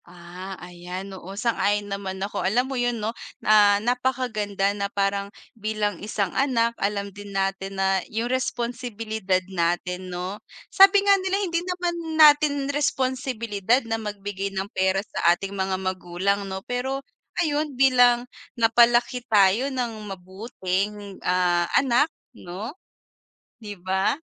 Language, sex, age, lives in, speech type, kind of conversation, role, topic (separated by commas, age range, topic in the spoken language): Filipino, female, 25-29, Philippines, conversation, unstructured, none, Ano-ano ang mga dahilan kung bakit nakapagpapasaya ang pagkakaroon ng ipon?